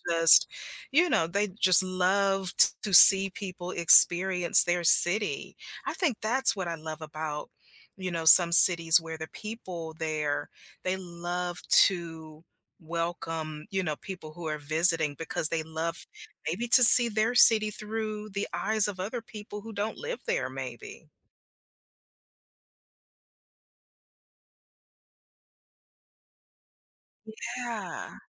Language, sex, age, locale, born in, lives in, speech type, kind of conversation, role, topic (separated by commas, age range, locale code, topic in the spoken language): English, female, 50-54, en-US, United States, United States, conversation, podcast, guest, How do cities and towns create a sense of belonging for everyone who visits or lives there?